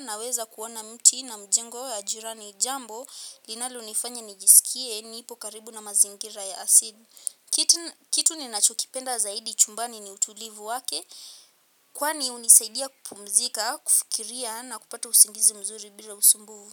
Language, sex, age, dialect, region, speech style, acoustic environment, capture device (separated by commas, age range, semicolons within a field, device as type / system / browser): Swahili, female, 18-24, Kenya - Nairobi Sheng-influenced, Kenya, happy, silence, mobile / Linux / Chrome